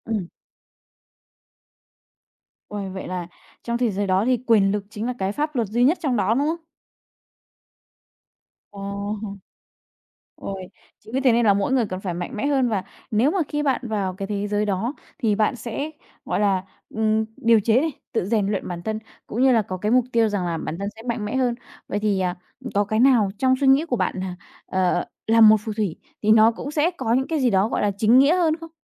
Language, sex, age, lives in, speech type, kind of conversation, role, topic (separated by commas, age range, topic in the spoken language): Vietnamese, female, 20-24, Vietnam, conversation, podcast, host, Bạn có thể kể về thế giới tưởng tượng mà bạn thích trốn vào nhất không?